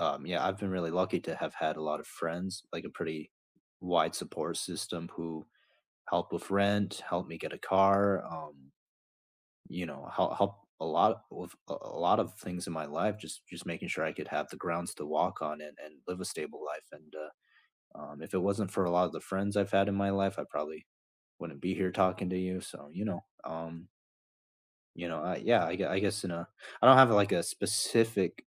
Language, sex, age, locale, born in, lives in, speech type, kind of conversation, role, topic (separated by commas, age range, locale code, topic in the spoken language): English, male, 25-29, en-US, United States, United States, conversation, unstructured, none, Which cherished memory do you love retelling with friends or family, and why?